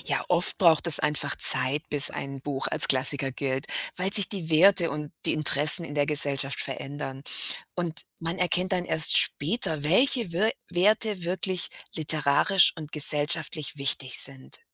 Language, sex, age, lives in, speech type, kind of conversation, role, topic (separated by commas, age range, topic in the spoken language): German, female, 50-54, United States, read, expert-assistant, expert, Was macht ein Buch eigentlich zu einem Klassiker der Literatur?